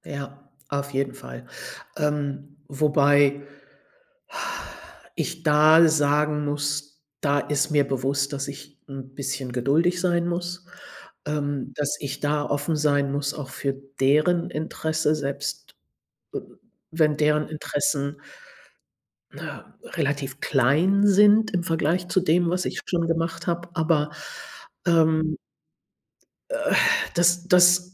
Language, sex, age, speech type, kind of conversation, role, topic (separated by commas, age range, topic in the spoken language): German, female, 60-64, conversation, advice, user, Warum fällt es dir als Erwachsener schwer, neue Freunde zu finden?